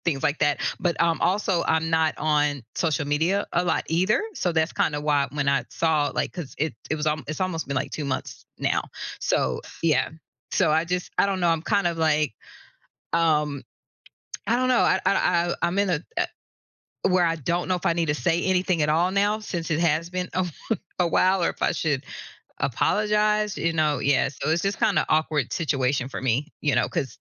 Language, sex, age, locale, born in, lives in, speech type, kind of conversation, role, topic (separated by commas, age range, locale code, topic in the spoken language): English, female, 45-49, en-US, United States, United States, conversation, advice, user, How can I apologize and make it up after forgetting a close friend's birthday?